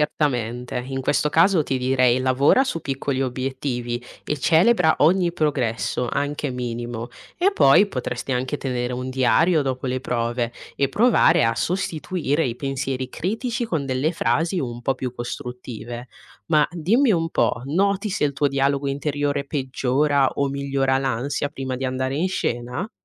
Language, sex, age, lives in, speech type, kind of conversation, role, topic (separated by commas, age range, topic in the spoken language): Italian, female, 20-24, Italy, read, expert-assistant, expert, Come si può superare la paura di esibirsi davanti a un pubblico e imparare a godersi il momento?